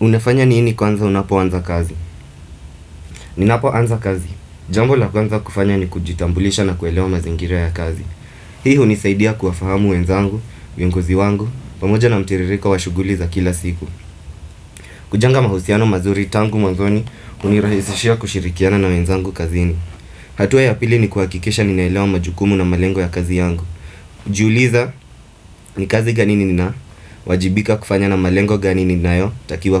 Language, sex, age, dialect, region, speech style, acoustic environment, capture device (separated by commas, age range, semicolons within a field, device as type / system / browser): Swahili, male, 25-34, Kenya - Mombasa, Kenya, anxious, appliances, desktop / Windows / Firefox